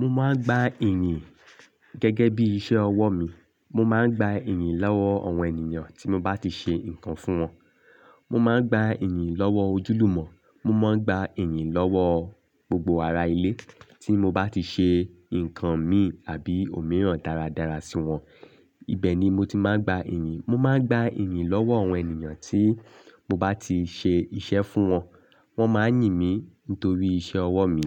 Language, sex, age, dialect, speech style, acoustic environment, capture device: Yoruba, male, 25-34, Nigeria - Lagos Yoruba, happy, silence, desktop / Linux / Chrome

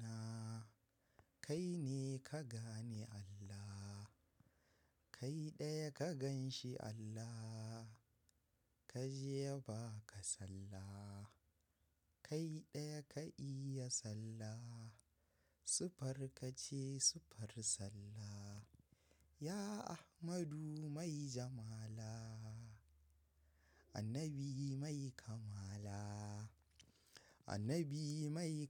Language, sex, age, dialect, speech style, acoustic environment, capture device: Hausa, male, 18-24, Nigeria - Kano, happy, silence, mobile / macOS / Safari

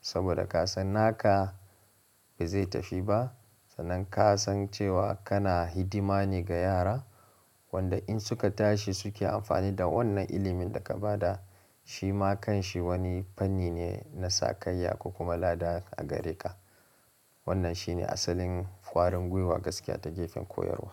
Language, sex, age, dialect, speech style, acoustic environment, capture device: Hausa, male, 25-34, Nigeria - Kano, relaxed; focused, silence; nature, mobile / Linux / Chrome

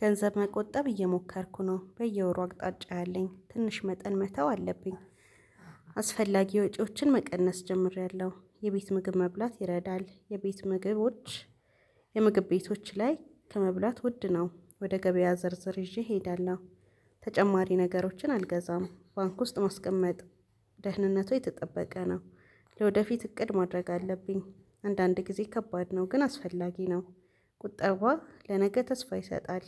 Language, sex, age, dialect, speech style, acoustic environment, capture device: Amharic, female, 25-34, Ethiopia - Addis Ababa, relaxed, nature, mobile / Linux / Chrome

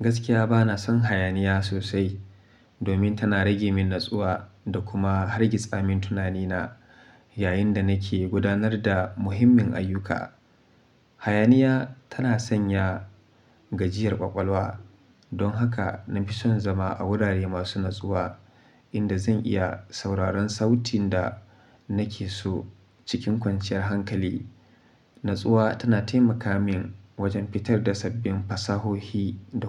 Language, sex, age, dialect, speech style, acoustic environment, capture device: Hausa, male, 25-34, Nigeria - Kano, happy, silence, mobile / Linux / Chrome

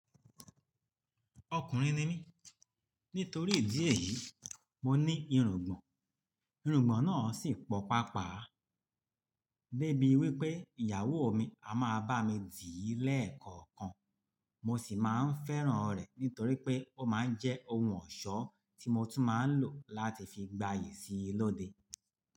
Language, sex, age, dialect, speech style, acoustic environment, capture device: Yoruba, male, 45-54, Nigeria - Lagos Yoruba, relaxed, nature; appliances; people talking, desktop / Linux / Chrome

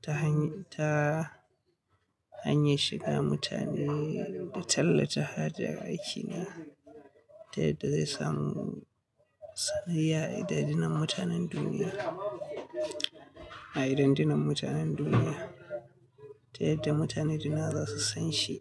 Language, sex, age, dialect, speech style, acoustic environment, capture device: Hausa, male, 25-34, Nigeria - Katsina, happy; anxious; tired; relaxed; stressed; focused; excited; nervous, people talking; music; nature; silence; traffic; appliances; construction, mobile / Linux / Chrome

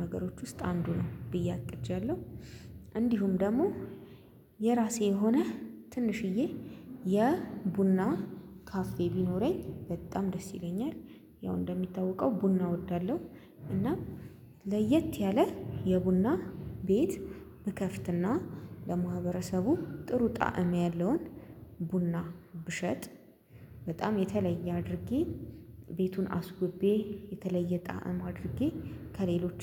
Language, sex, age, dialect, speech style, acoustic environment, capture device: Amharic, female, 25-34, Ethiopia - Addis Ababa, happy, silence; construction, mobile / Linux / Chrome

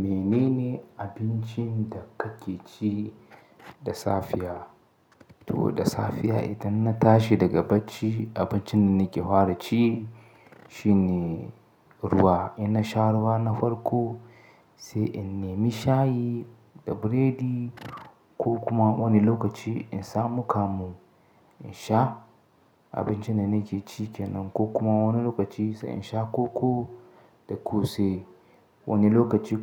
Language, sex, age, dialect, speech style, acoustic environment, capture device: Hausa, male, 25-34, Nigeria - Katsina, excited; happy, silence, mobile / Linux / Chrome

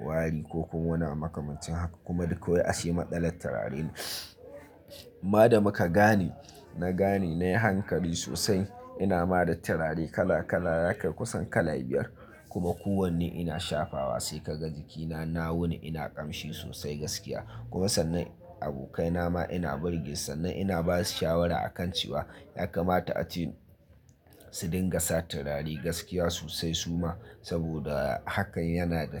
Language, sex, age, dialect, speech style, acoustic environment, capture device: Hausa, male, 25-34, Nigeria - Nigerian Standard, excited, silence; people talking, mobile / Linux / Chrome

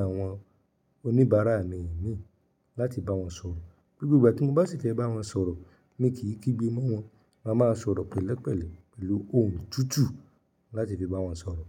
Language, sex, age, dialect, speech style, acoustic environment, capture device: Yoruba, male, 25-34, Nigeria - Ibadan, happy, appliances; nature; silence, mobile / Linux / Chrome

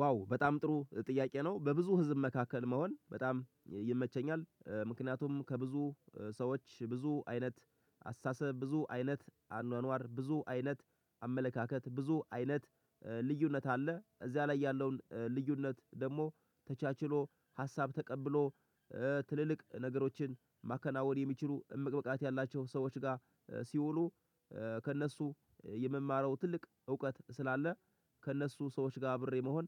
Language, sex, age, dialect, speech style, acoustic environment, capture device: Amharic, male, 25-34, Ethiopia - Gojjam, happy, music, mobile / Linux / Chrome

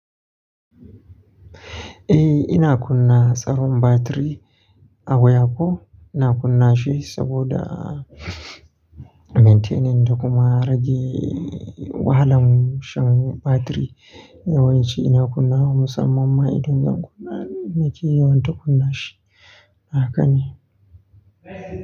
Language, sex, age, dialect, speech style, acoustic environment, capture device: Hausa, male, 25-34, Nigeria - Nigerian Standard, happy, people talking, mobile / Linux / Chrome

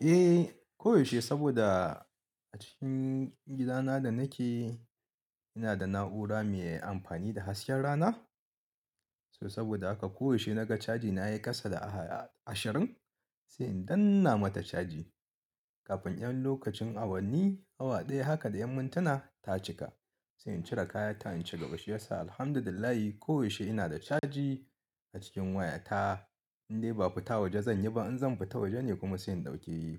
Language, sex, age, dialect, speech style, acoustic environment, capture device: Hausa, male, 35-44, Nigeria - Kano, happy, people talking; nature; music, mobile / Linux / Chrome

